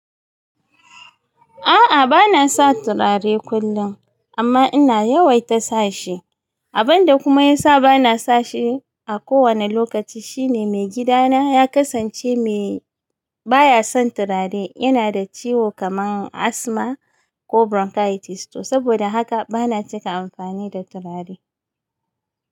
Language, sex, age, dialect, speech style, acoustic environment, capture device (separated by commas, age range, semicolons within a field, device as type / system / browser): Hausa, female, 35-44, Nigeria - Kano, happy; excited; focused, nature, mobile / Linux / Chrome